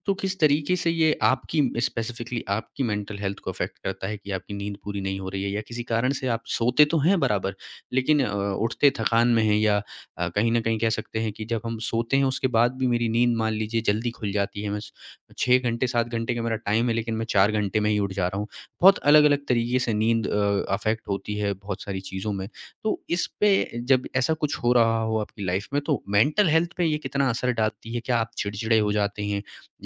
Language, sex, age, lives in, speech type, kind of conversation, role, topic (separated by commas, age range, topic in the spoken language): Hindi, male, 25-29, India, conversation, podcast, host, Neend ki kami mehsoos hoti hai toh sabse pehla nishaan kya hota hai?